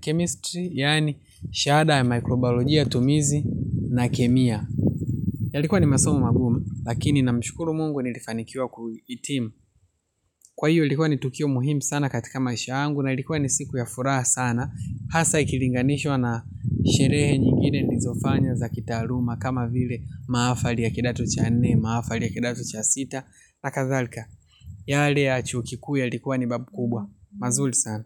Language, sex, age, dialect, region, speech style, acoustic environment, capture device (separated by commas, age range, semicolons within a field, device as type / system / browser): Swahili, male, 25-34, Tanzania - Dar es Salaam, Tanzania, relaxed, nature, mobile / Linux / Chrome